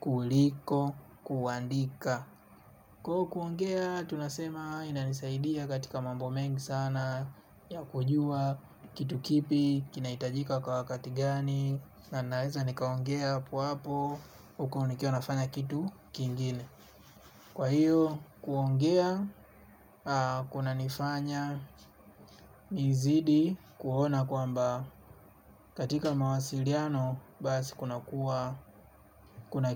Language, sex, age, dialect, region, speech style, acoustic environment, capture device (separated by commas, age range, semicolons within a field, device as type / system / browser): Swahili, male, 25-34, Tanzania - Dar es Salaam, Tanzania, relaxed; focused; excited, nature; silence, mobile / Linux / Chrome